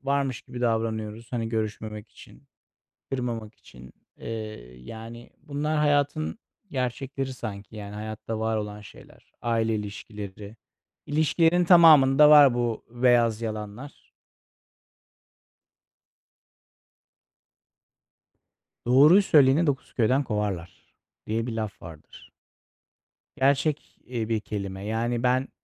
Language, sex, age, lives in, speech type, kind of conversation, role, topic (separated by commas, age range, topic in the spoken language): Turkish, male, 40-44, Netherlands, conversation, unstructured, none, Bir ilişkide yalan söylemek ne kadar kabul edilebilir?